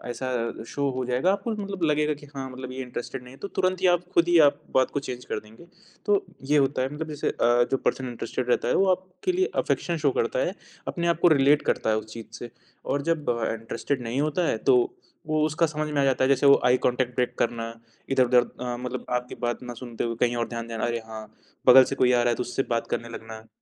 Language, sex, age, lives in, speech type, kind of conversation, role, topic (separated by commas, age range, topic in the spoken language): Hindi, male, 20-24, India, conversation, podcast, guest, Jab tum kisi ki baat sunte ho, toh tum kaise dikhate ho ki tumhe sach mein dilchaspi hai?